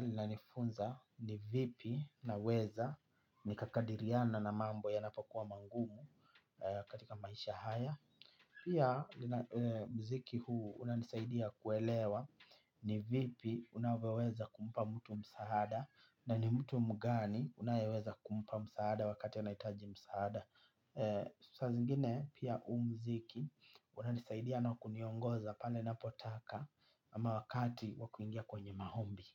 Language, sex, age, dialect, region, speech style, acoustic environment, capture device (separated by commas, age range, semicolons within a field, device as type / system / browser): Swahili, male, 25-34, Kenya - Mombasa, Kenya, happy; relaxed; focused, silence, mobile / Linux / Chrome